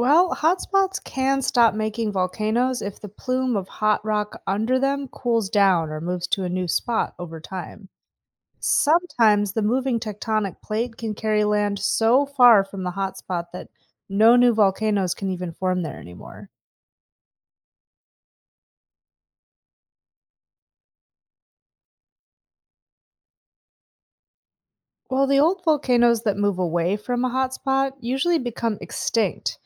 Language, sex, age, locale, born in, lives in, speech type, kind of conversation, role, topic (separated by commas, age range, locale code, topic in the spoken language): English, female, 35-39, en-US, United States, United States, read, expert-assistant, expert, How do volcanoes form and why do they erupt?